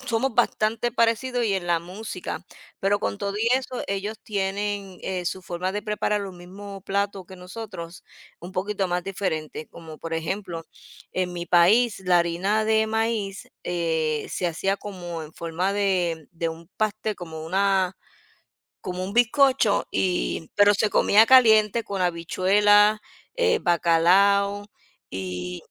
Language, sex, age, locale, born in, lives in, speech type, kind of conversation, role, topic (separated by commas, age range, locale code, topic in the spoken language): Spanish, female, 50-54, es-US, United States, United States, conversation, unstructured, none, ¿Conoces alguna costumbre que te parezca curiosa o divertida?